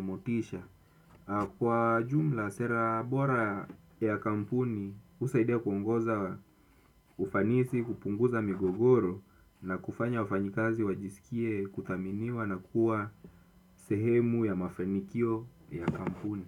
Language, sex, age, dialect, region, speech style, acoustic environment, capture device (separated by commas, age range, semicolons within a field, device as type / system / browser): Swahili, male, 25-34, Kenya - Mombasa, Kenya, nervous; anxious, silence, desktop / Windows / Chrome